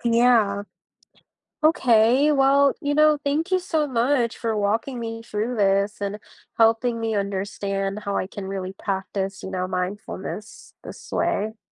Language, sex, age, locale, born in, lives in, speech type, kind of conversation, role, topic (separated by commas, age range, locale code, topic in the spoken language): English, female, 20-24, en-US, United States, United States, read, expert-assistant, asker, How does practicing mindfulness help you manage stress and anxiety?